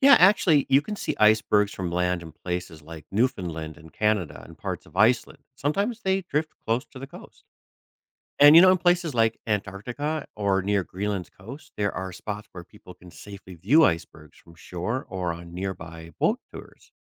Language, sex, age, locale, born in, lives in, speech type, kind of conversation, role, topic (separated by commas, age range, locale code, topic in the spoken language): English, male, 45-49, en-US, United States, United States, read, expert-assistant, expert, Why do icebergs float in the ocean?